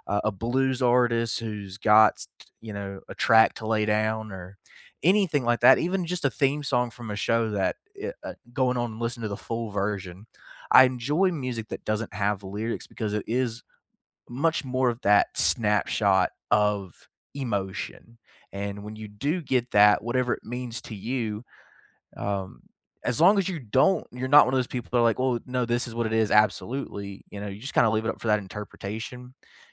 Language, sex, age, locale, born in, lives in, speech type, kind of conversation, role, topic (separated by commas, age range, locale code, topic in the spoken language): English, male, 25-29, en-US, United States, United States, conversation, unstructured, none, What recent song or podcast has stayed with you, and what made it meaningful to you?